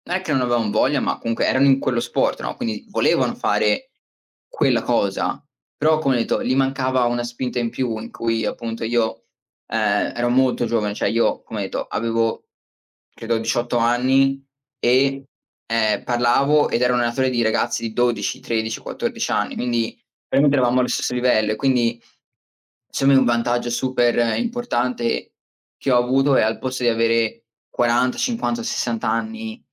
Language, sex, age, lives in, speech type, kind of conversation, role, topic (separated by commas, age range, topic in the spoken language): Italian, male, 20-24, United States, conversation, unstructured, none, Come motivi le persone a iniziare a fare attività fisica con regolarità?